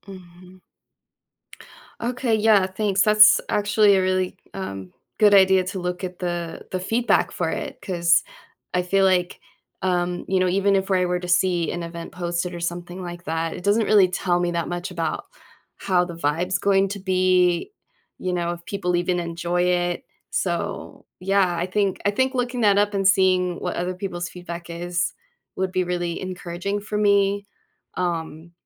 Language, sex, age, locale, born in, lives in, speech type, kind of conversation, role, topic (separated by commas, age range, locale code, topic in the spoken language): English, female, 40-44, en-US, United States, United States, conversation, advice, user, How can I make meaningful friends and feel less lonely after moving to a new city?